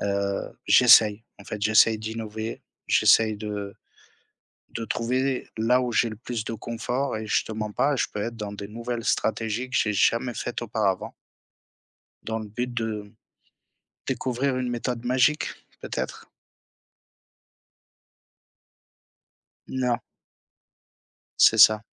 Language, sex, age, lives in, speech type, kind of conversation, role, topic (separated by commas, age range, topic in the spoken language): French, male, 30-34, France, conversation, unstructured, none, Comment souhaitez-vous améliorer votre gestion du stress ?